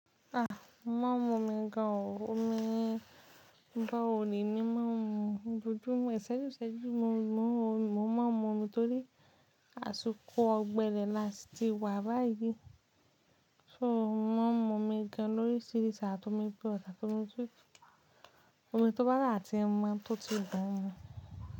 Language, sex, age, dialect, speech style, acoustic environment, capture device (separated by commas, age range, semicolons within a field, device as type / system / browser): Yoruba, female, 25-34, Nigeria - Ibadan, relaxed, nature, mobile / Linux / Chrome